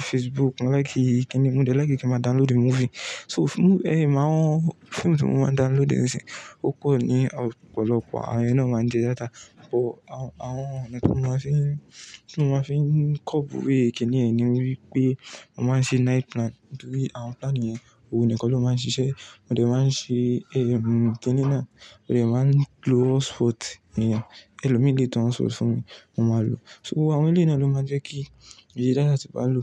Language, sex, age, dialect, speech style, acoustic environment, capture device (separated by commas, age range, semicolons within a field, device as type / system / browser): Yoruba, male, 18-24, Nigeria - Ibadan, relaxed, silence, mobile / Linux / Chrome